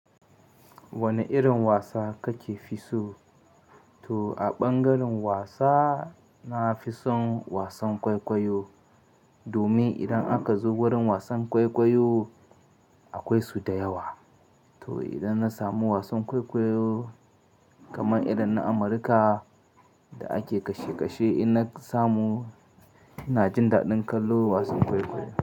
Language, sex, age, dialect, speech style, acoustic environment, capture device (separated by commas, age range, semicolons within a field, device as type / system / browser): Hausa, male, 25-34, Nigeria - Katsina, happy; excited, silence, mobile / Linux / Chrome